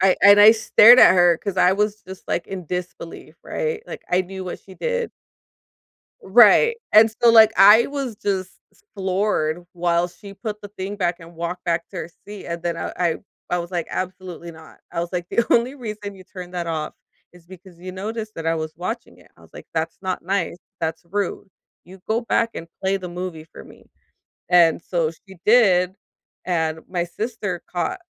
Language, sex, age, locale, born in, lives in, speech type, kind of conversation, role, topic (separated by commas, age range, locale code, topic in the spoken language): English, female, 35-39, en-US, United States, United States, conversation, advice, user, How can I find peace after a stressful event?